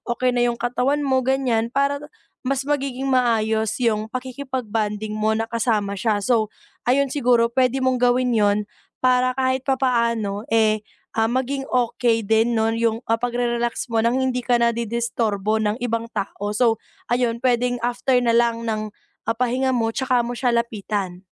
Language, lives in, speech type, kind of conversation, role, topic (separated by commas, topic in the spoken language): Filipino, Philippines, conversation, advice, advisor, Paano ako makakapagpahinga at makapagpakalma kahit maingay at maraming nakaaabala sa paligid?